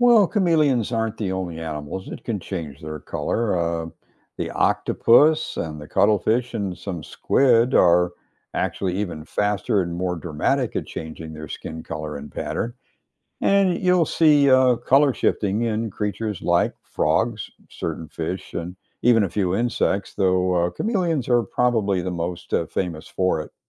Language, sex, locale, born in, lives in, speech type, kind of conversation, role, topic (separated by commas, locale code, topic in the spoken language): English, male, en-US, United States, United States, read, expert-assistant, expert, How do chameleons change color?